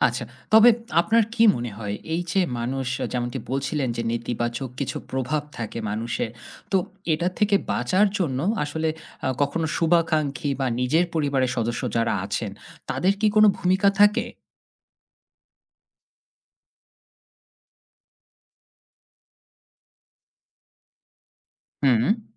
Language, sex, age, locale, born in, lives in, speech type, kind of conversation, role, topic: Bengali, male, 30-34, bn-BD, Bangladesh, Finland, conversation, podcast, host, তুমি ব্যর্থতা কীভাবে সামলাও?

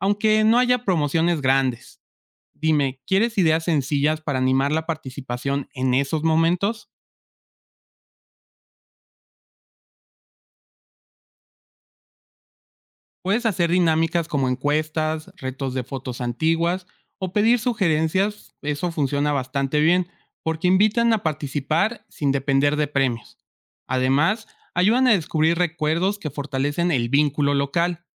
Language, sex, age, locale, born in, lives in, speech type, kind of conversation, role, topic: Spanish, male, 25-29, es-MX, Mexico, Mexico, read, expert-assistant, expert, ¿Qué ventajas y desventajas tiene apostar por el marketing digital frente al marketing tradicional?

